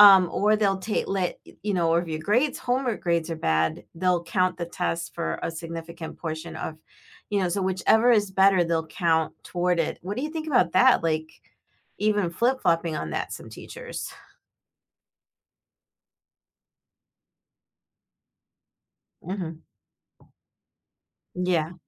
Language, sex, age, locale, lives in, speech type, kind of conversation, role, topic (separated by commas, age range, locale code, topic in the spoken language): English, female, 50-54, en-US, United States, conversation, unstructured, none, Do tests truly reflect a student's abilities and potential?